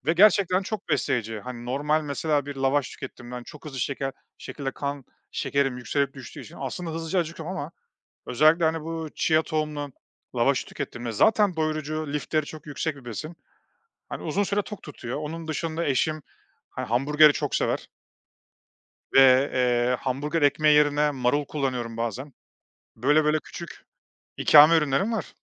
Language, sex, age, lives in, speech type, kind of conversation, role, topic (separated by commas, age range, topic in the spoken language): Turkish, male, 35-39, Estonia, conversation, podcast, guest, Yemek pişirirken hem pratik hem de sağlıklı kalmak için hangi taktikleri uyguluyorsun?